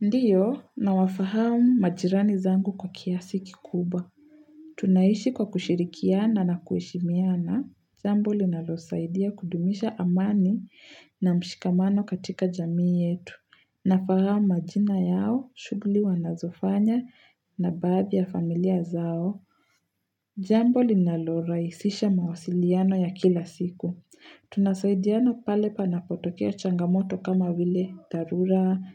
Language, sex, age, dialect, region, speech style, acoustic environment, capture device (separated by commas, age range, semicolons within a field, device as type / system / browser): Swahili, female, 18-24, Kenya - Nairobi Sheng-influenced, Kenya, excited, nature, mobile / Linux / Chrome